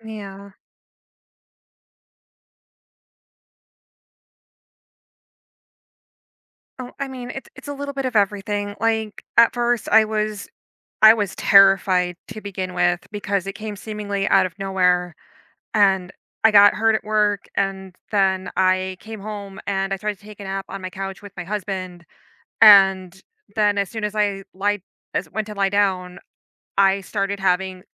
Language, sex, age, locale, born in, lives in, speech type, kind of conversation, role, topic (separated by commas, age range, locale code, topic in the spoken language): English, female, 30-34, en-US, United States, United States, conversation, advice, user, How can I find calm and stability while going through a major life change?